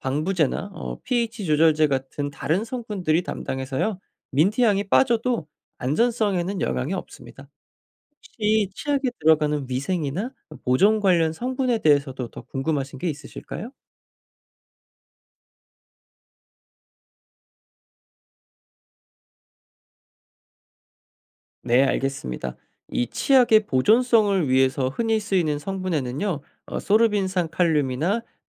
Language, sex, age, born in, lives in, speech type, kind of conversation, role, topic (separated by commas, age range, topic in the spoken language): Korean, male, 25-29, South Korea, United States, read, expert-assistant, expert, 왜 치약에는 민트향이 많이 들어있나요?